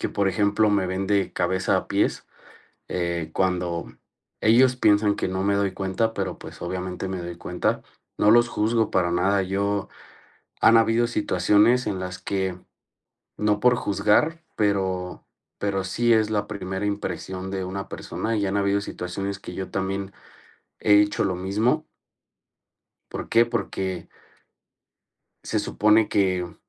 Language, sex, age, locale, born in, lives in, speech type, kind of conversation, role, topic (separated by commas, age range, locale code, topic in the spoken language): Spanish, male, 30-34, es-MX, Mexico, Mexico, conversation, advice, user, ¿Cómo puedo mejorar mi autoestima y sentirme bien conmigo mismo?